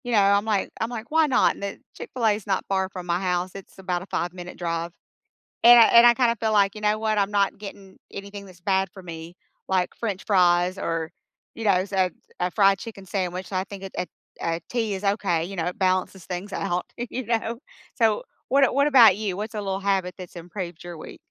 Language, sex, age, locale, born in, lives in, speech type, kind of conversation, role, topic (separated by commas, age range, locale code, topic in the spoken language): English, female, 50-54, en-US, United States, United States, conversation, unstructured, none, What is a tiny habit that improved your week?